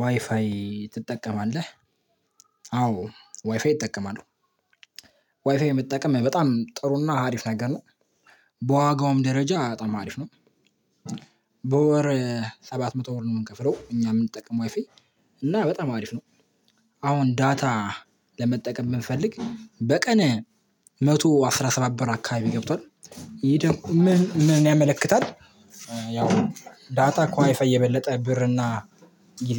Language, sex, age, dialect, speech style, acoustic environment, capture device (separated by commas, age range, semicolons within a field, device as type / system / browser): Amharic, male, 18-24, Ethiopia - Addis Ababa, excited, people talking, mobile / Linux / Chrome